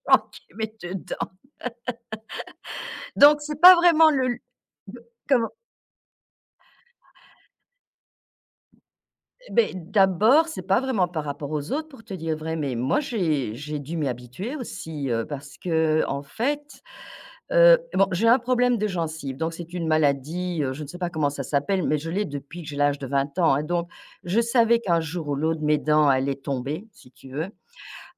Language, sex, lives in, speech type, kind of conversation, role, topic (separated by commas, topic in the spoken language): French, female, France, conversation, podcast, guest, Peux-tu me raconter un moment où ton look a changé l’image que les autres avaient de toi ?